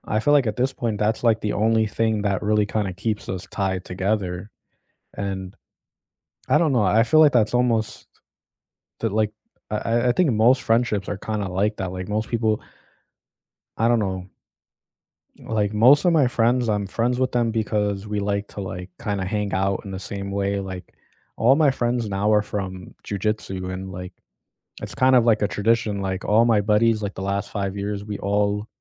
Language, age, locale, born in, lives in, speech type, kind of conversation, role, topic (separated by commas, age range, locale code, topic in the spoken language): English, 25-29, en-US, United States, United States, conversation, unstructured, none, Which friendship tradition do you cherish and keep alive, and how do you keep it meaningful today?